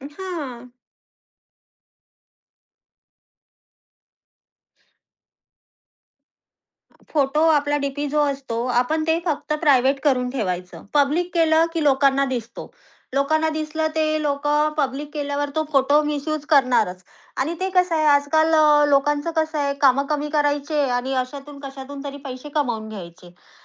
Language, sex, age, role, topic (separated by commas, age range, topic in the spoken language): Marathi, female, 30-34, guest, तुम्ही समाजमाध्यमांवर तुमची वैयक्तिक माहिती किती प्रमाणात शेअर करता?